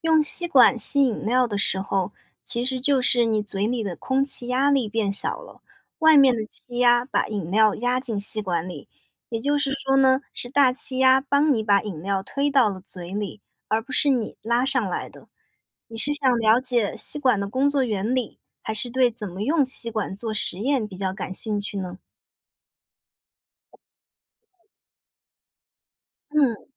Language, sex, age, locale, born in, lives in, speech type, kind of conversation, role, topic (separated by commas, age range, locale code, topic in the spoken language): Chinese, female, 25-29, zh-CN, China, United States, read, expert-assistant, expert, 请解释为什么用吸管可以吸起饮料，并用吸管做实验。